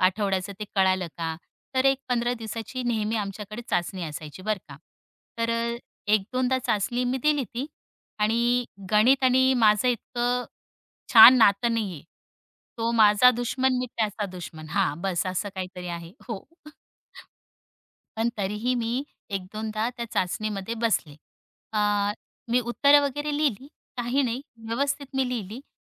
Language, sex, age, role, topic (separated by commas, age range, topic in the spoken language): Marathi, female, 35-39, guest, शिक्षणात चुकांवर खुलं बोलणं का गरजेचं आहे?